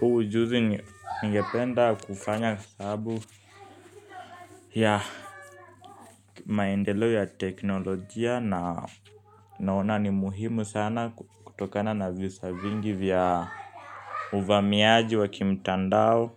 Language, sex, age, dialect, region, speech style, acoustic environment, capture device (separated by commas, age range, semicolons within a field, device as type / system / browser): Swahili, male, 18-24, Kenya - Nairobi Sheng-influenced, Kenya, happy, people talking, mobile / Linux / Chrome